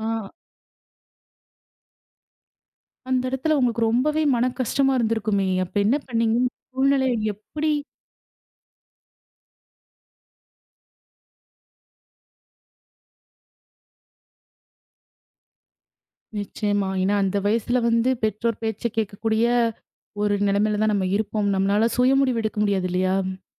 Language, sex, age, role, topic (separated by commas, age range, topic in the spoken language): Tamil, female, 25-29, host, பள்ளிக்காலத்தில் நீங்கள் செய்த மிகப் பெரிய தவறு என்னவென்று சொல்ல முடியுமா?